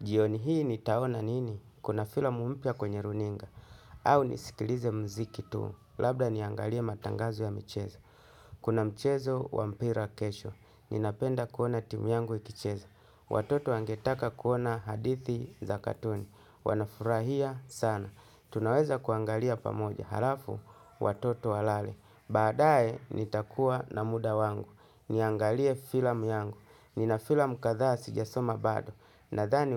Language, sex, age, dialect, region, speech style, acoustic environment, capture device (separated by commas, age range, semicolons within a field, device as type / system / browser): Swahili, male, 35-44, Tanzania - Dar es Salaam, Tanzania, tired, music, desktop / Linux / Chrome